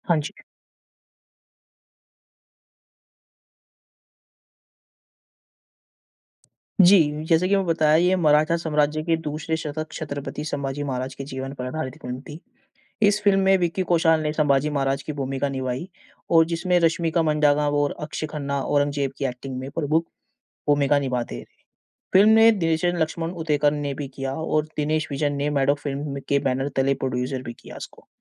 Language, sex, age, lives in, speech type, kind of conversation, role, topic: Hindi, male, 20-24, India, conversation, unstructured, none, किताबें पढ़ना और फिल्में देखना—इन दोनों में से आप क्या चुनेंगे?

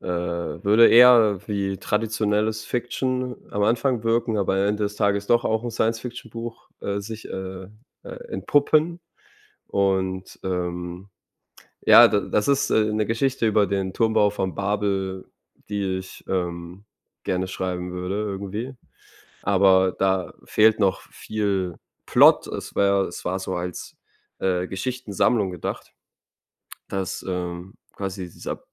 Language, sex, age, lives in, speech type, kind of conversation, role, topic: German, male, 25-29, Germany, conversation, advice, user, Wie kann ich Sinn in meinem Leben finden, indem ich etwas Bleibendes hinterlasse?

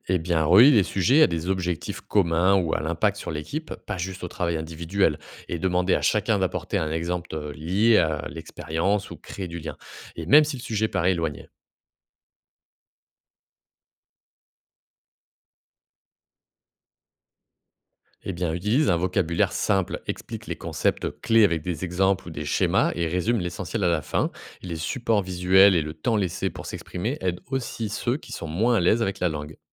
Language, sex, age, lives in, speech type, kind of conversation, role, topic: French, male, 30-34, France, read, expert-assistant, expert, Quelles astuces peut-on utiliser pour mieux coordonner un projet avec des personnes de cultures différentes ?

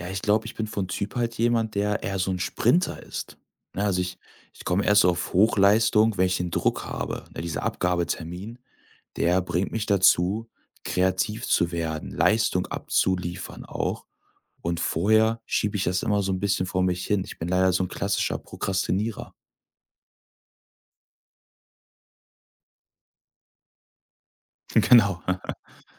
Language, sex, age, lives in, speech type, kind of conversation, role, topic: German, male, 25-29, Germany, conversation, advice, user, Wie kann ich täglich kurze Pausen bei der Arbeit konsequent einbauen?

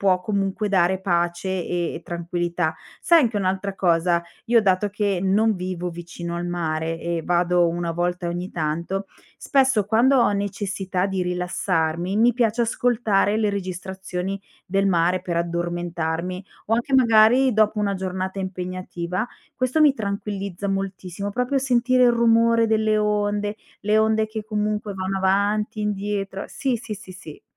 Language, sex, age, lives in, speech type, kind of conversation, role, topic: Italian, female, 45-49, Italy, conversation, podcast, guest, Qual è il tuo rapporto con il mare o con i fiumi della tua zona?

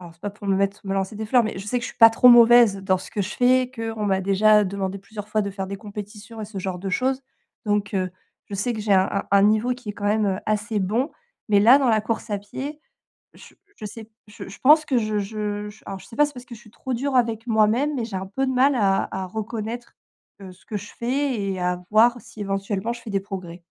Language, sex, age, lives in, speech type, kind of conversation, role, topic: French, female, 35-39, France, conversation, advice, user, Comment reconnaître mes progrès sans attendre la perfection ?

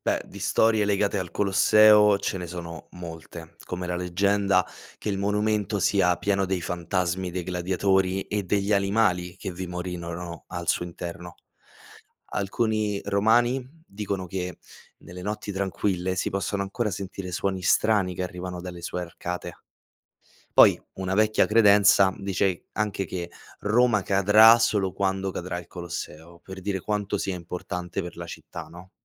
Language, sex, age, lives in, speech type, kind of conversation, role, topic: Italian, male, 25-29, Italy, read, expert-assistant, expert, Perché il Colosseo è considerato un simbolo di Roma?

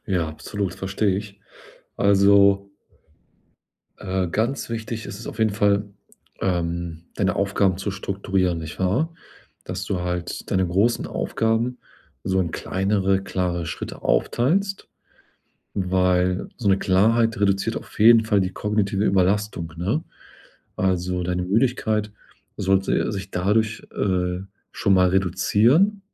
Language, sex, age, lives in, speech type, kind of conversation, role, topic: German, male, 40-44, Germany, conversation, advice, advisor, Wie kann ich mentale Ermüdung bei langen Aufgaben vermeiden?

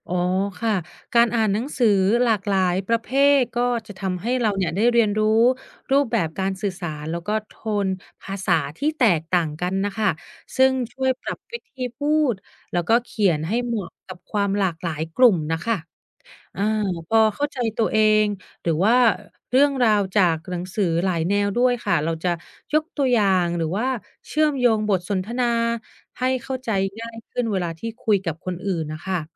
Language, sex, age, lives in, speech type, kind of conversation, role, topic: Thai, female, 30-34, United States, read, expert-assistant, expert, การอ่านหนังสือหลากหลายประเภทช่วยพัฒนาความคิดสร้างสรรค์อย่างไร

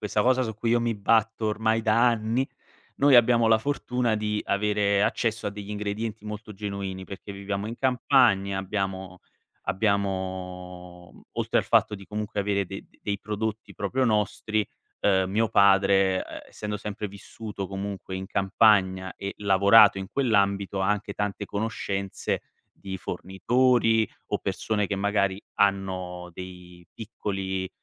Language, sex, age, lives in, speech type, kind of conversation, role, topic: Italian, male, 25-29, Italy, conversation, podcast, guest, Hai mai modificato una ricetta di famiglia, e se sì, come e perché?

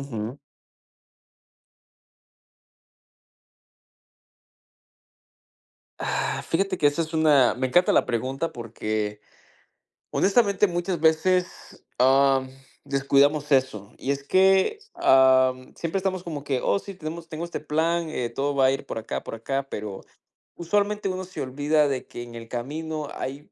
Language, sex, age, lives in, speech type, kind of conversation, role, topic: Spanish, male, 20-24, United States, conversation, unstructured, none, ¿Cómo manejas tu dinero cuando recibes tu sueldo?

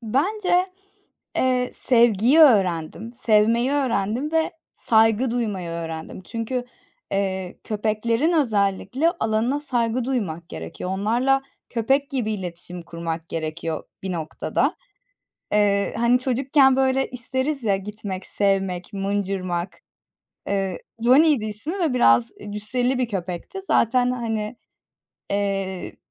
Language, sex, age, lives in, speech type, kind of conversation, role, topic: Turkish, female, 25-29, Germany, conversation, unstructured, none, Hayvan sahiplenmek çocuklara ne öğretir?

